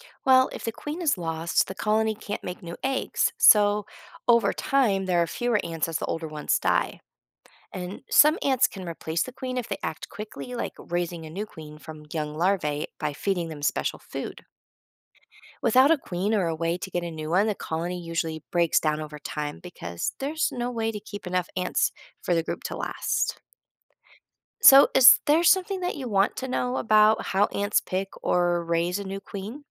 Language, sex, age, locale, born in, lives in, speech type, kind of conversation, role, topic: English, female, 40-44, en-US, United States, United States, read, expert-assistant, expert, How do ants work together in a colony?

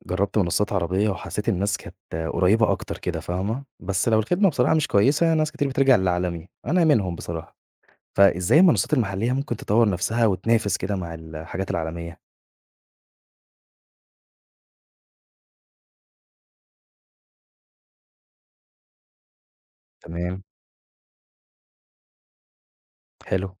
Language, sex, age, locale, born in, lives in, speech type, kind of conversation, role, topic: Arabic, male, 20-24, ar-EG, Egypt, Egypt, read, expert-assistant, asker, تخيل لو منصات السوشيال ميديا كلها بقت لازم تدفع اشتراك شهري عشان تدخل عليها. يا ترى الناس هتتصرف إزاي؟ وإيه البدائل اللي ممكن يلاقوها؟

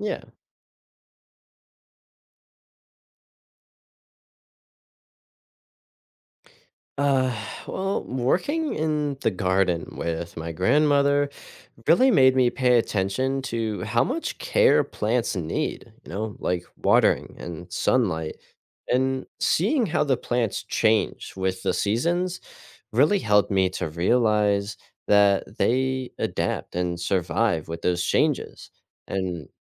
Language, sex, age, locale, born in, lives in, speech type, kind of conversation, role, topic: English, male, 18-19, en-US, United States, United States, read, expert-assistant, asker, How do plants make their own food?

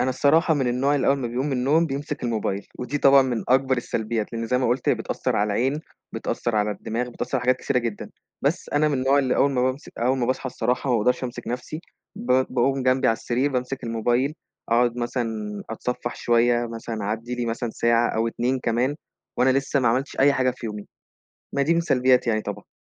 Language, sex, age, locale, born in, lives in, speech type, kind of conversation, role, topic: Arabic, male, 20-24, ar-EG, Egypt, Egypt, conversation, podcast, guest, إزاي بتوازن بين وقتك قدّام الشاشة وراحتك النفسية؟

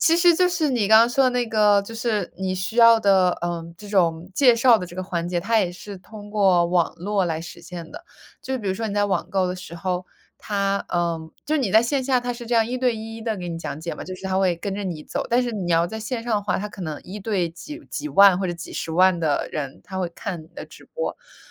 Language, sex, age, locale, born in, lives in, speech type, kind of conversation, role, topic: Chinese, female, 25-29, zh-CN, United States, United States, conversation, unstructured, none, 你更倾向于选择网购还是去实体店购物？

